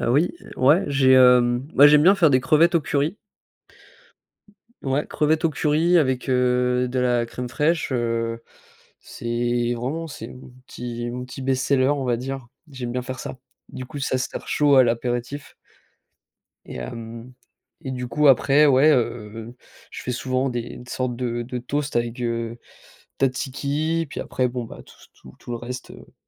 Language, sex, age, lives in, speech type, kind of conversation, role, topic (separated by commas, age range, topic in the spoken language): French, male, 25-29, France, conversation, unstructured, none, Est-ce que cuisiner est pour toi un plaisir ou une corvée ?